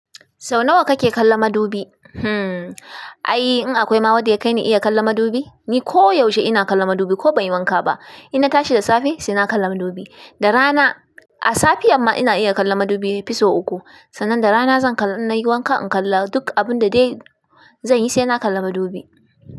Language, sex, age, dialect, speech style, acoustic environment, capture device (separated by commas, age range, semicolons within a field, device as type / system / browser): Hausa, female, 25-34, Nigeria - Kano, tired; excited, music; appliances, desktop / Linux / Chrome